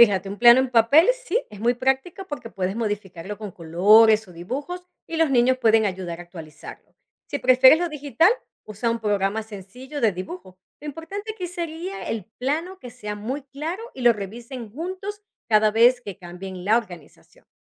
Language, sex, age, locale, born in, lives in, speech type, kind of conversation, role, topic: Spanish, female, 40-44, es-VE, Venezuela, United States, read, expert-assistant, expert, ¿Cómo puedo organizar mejor los cultivos en mi pequeña huerta urbana para aprovechar el espacio y facilitar el mantenimiento?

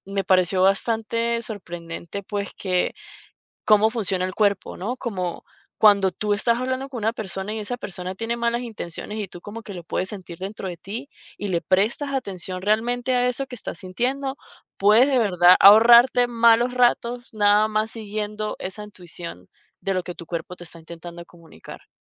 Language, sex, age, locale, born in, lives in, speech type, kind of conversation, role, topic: Spanish, female, 30-34, es-VE, Venezuela, United States, conversation, podcast, guest, ¿Confías más en la intuición o en la razón?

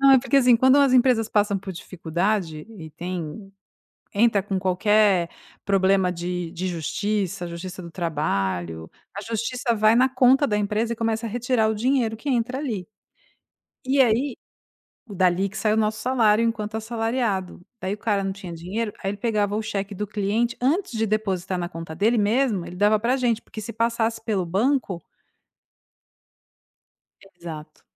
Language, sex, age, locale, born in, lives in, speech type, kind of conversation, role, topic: Portuguese, female, 45-49, pt-BR, Brazil, Italy, conversation, podcast, guest, O que faz você sentir que está no caminho certo?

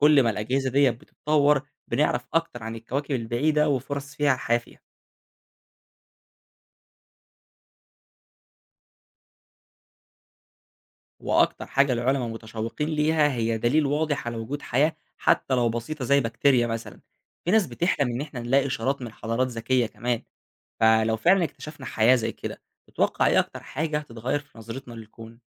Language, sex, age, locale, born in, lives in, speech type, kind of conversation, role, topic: Arabic, male, 20-24, ar-EG, Egypt, Egypt, read, expert-assistant, expert, لو عايز أفهم أكتر عن تكوين النجوم، أبدأ منين وأتعلم إزاي؟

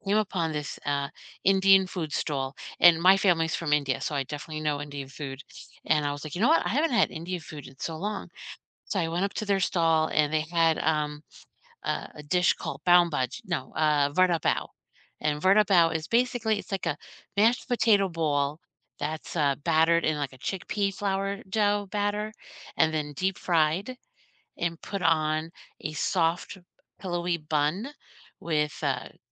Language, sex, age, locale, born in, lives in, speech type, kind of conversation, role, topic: English, female, 50-54, en-US, United States, United States, conversation, unstructured, none, What’s the most memorable street food you’ve discovered while traveling, and what made it unforgettable for you?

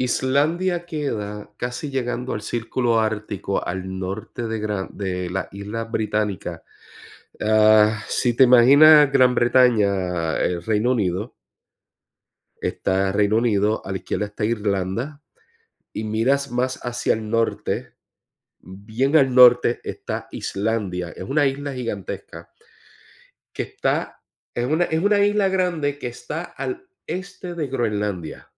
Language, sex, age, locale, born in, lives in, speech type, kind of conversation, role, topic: Spanish, male, 45-49, es-US, Puerto Rico, United States, conversation, unstructured, none, ¿Hay alguna aventura que te gustaría vivir?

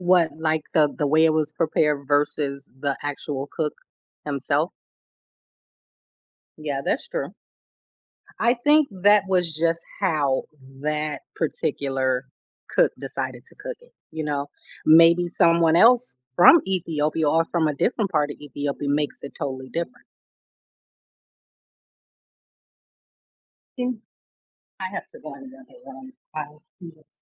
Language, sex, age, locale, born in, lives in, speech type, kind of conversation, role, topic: English, female, 45-49, en-US, United States, United States, conversation, podcast, guest, How does food connect us to our cultural roots?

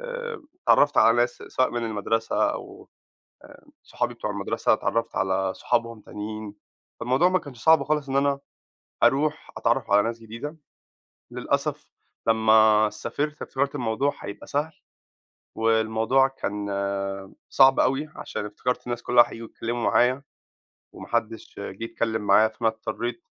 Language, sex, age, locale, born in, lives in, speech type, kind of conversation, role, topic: Arabic, male, 25-29, ar-EG, Egypt, United States, conversation, advice, user, ازاي أقدر أبني صداقات جديدة وأنا بالغ؟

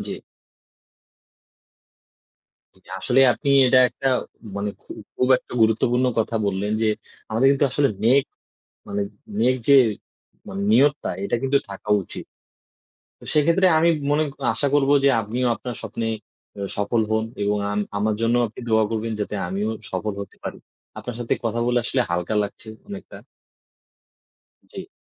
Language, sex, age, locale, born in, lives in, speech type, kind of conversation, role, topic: Bengali, male, 20-24, bn-BD, Bangladesh, Bangladesh, conversation, unstructured, none, আপনার স্বপ্ন পূরণের পথে সবচেয়ে বড় চ্যালেঞ্জটি কী?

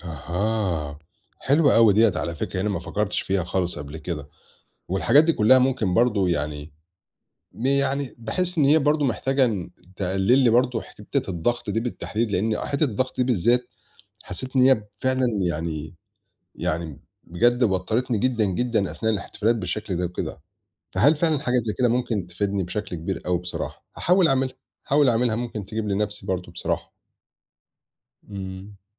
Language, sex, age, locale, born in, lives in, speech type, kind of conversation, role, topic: Arabic, male, 40-44, ar-EG, Egypt, Portugal, conversation, advice, user, إزاي أتحكّم في الضغط والقلق وأنا في احتفالات مع الناس؟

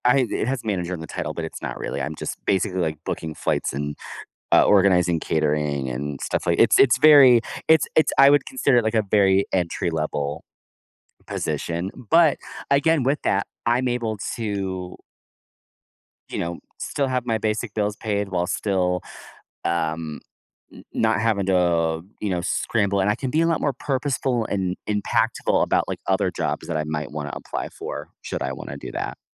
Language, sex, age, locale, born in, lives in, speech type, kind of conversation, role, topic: English, male, 30-34, en-US, United States, United States, conversation, podcast, guest, What lessons can we learn from experiencing setbacks in life?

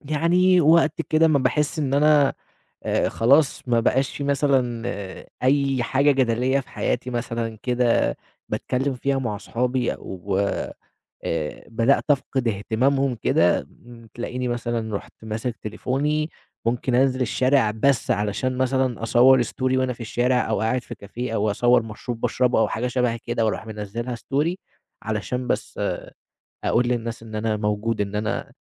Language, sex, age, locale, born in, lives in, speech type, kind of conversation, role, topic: Arabic, male, 20-24, ar-EG, Egypt, Egypt, conversation, advice, user, إزاي بتتظاهر إنك مبسوط على السوشيال ميديا رغم إنك من جواك حاسس بالعكس؟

